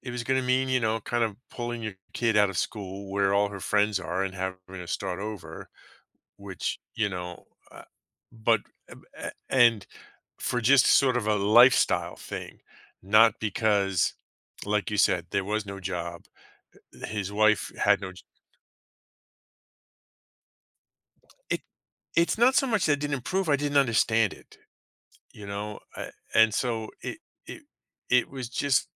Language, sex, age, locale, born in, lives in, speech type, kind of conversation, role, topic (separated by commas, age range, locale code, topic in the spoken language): English, male, 50-54, en-US, United States, United States, conversation, advice, user, How can I cope after feeling betrayed by someone I trusted?